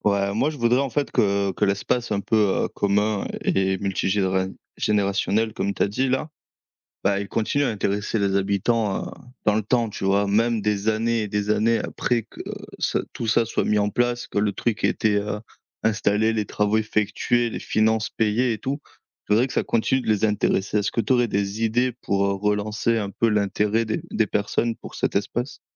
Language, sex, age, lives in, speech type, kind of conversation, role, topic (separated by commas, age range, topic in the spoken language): French, male, 25-29, France, read, expert-assistant, asker, Comment pourrait-on rendre les activités de plein air plus accessibles à tous, même en ville ?